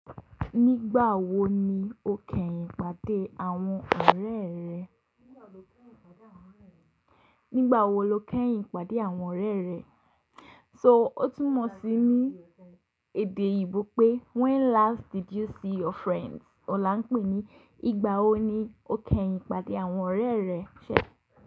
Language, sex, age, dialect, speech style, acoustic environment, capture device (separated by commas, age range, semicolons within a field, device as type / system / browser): Yoruba, female, 18-24, Nigeria - Lagos Yoruba, happy, silence, mobile / Linux / Chrome